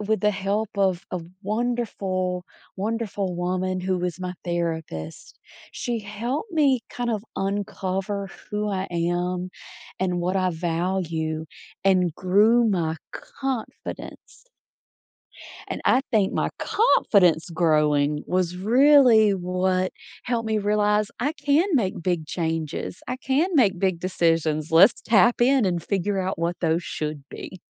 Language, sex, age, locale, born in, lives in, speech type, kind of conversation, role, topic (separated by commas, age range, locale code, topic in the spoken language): English, female, 40-44, en-US, United States, United States, conversation, podcast, guest, What factors most influence your choices when facing important life decisions?